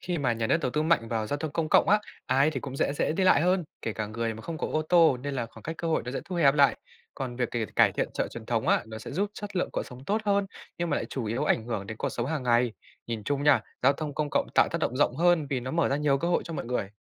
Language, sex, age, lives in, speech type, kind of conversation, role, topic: Vietnamese, male, 20-24, Vietnam, read, expert-assistant, expert, Bạn có thể giải thích bất bình đẳng xã hội bằng một phép ẩn dụ gần gũi với đời sống người Việt, như chợ truyền thống hoặc giao thông giờ cao điểm không?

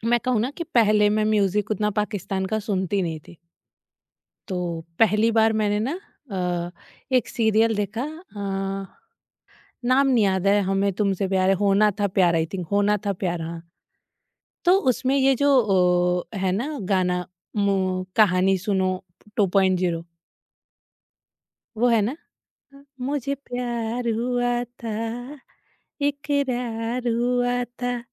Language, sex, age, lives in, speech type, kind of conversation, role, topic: Hindi, female, 30-34, India, conversation, podcast, guest, Global shows ka influence tumhari local taste pe kaise padta hai?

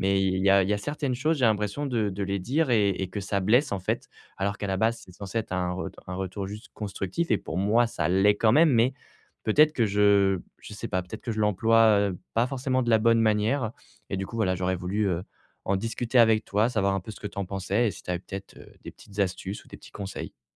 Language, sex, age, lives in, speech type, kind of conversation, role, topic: French, male, 25-29, France, conversation, advice, user, Comment faire un retour constructif à un collègue sans le blesser ?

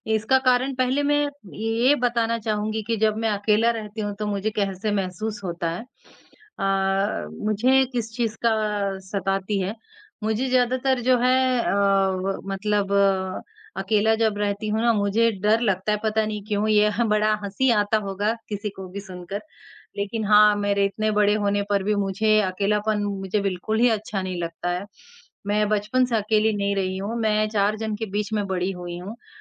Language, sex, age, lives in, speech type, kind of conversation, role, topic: Hindi, male, 18-19, India, conversation, unstructured, none, क्या आपको कभी अकेलापन बहुत भारी लगने लगता है, और क्यों?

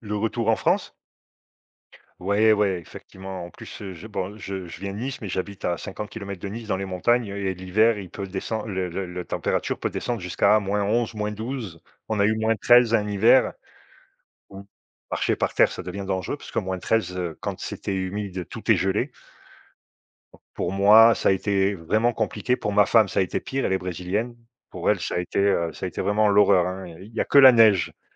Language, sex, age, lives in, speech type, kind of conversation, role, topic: French, male, 50-54, Portugal, conversation, unstructured, none, Quelle est la meilleure surprise que tu aies eue en voyage ?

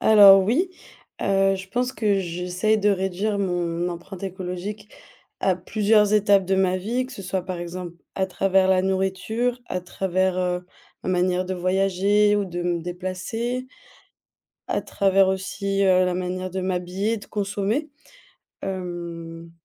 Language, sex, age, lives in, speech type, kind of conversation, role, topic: French, female, 25-29, Germany, conversation, podcast, guest, Comment réduire son empreinte écologique au quotidien ?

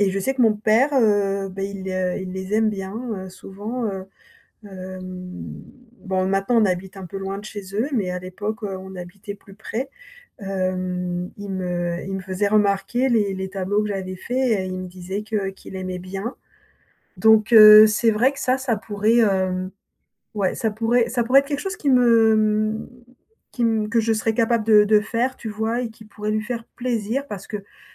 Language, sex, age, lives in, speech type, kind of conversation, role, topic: French, female, 55-59, France, conversation, advice, user, Comment offrir un cadeau mémorable sans se ruiner ?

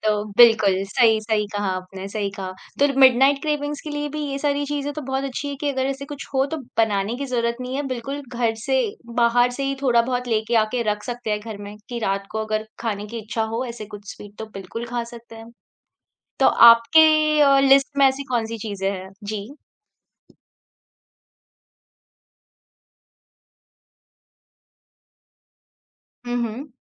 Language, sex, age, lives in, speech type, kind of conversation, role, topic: Hindi, female, 30-34, India, conversation, podcast, host, Achanak raat ko craving ho jaaye, toh tum kya banate ho?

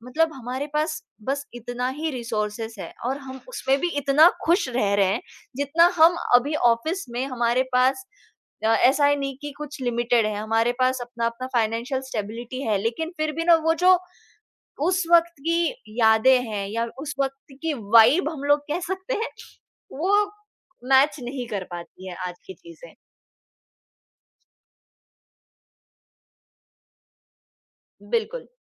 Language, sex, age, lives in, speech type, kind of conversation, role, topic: Hindi, female, 20-24, India, conversation, podcast, guest, Khaana aur chai jaise ritual dosti ko mazboot banane mein kaise madad karte hain?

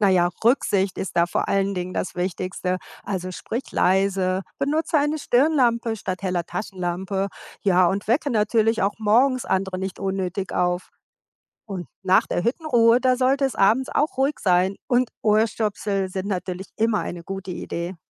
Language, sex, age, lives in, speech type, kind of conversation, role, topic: German, female, 55-59, United States, read, expert-assistant, expert, Wie kann man als Berufstätiger mehrtägige Wandertouren planen, wenn Zeit und Urlaub knapp sind?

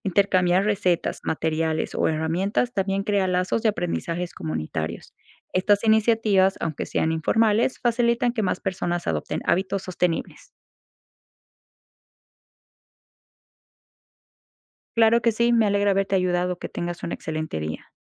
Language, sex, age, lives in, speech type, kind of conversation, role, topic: Spanish, female, 25-29, United States, read, expert-assistant, expert, ¿Qué acciones prácticas podemos tomar en casa para reducir el impacto del cambio climático y prevenir problemas futuros?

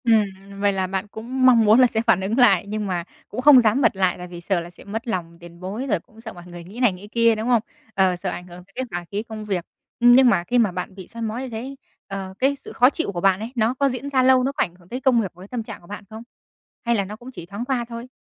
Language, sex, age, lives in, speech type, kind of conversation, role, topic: Vietnamese, female, 20-24, Vietnam, conversation, advice, advisor, Tôi nên làm gì khi đồng nghiệp hay soi mói và phê bình quá chi tiết khiến tôi mất tự tin?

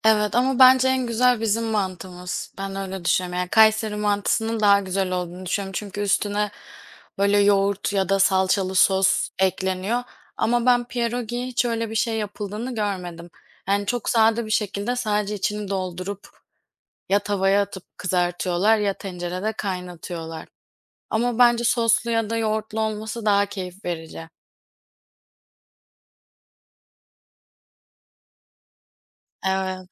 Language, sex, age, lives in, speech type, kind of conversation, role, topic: Turkish, female, 25-29, Poland, conversation, unstructured, none, Hangi yemek kültürü seni en çok etkiledi?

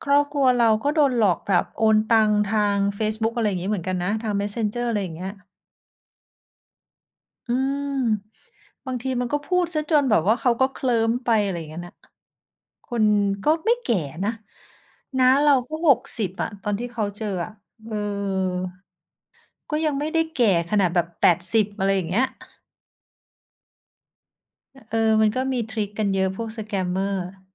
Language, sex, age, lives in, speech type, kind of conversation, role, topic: Thai, female, 45-49, Thailand, conversation, unstructured, none, คุณจะทำอย่างไรเมื่อครอบครัวไม่เห็นด้วยกับการตัดสินใจของคุณ?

